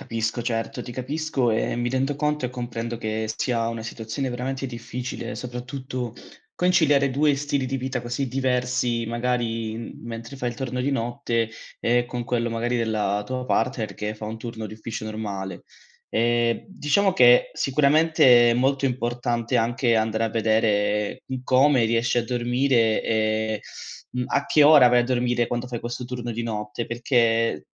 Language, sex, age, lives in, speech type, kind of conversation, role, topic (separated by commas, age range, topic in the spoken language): Italian, male, 25-29, Italy, conversation, advice, advisor, Come ha influito il lavoro a turni sul tuo ritmo sonno-veglia?